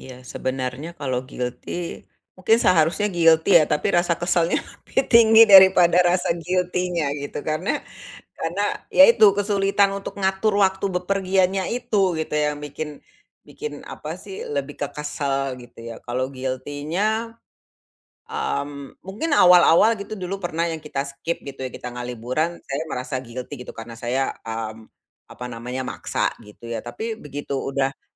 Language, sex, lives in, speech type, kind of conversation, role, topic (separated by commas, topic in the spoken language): Indonesian, female, Indonesia, conversation, advice, user, Bagaimana cara mengatur waktu saat bepergian agar bisa menikmati liburan tanpa tergesa-gesa?